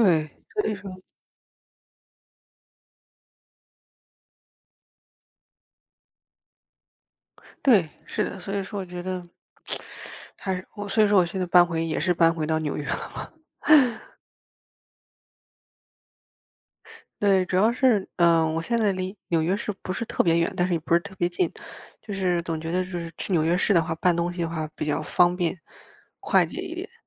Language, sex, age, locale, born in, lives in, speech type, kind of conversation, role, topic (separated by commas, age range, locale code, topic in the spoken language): Chinese, female, 25-29, zh-CN, China, United States, conversation, unstructured, none, 为什么有些人总是拖延，迟迟不开始做事？